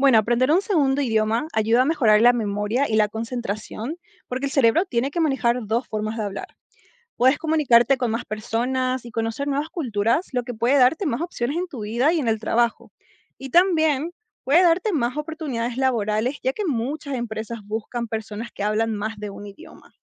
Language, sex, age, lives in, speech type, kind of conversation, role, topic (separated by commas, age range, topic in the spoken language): Spanish, female, 25-29, United States, read, expert-assistant, expert, ¿Qué beneficios tiene aprender un segundo idioma?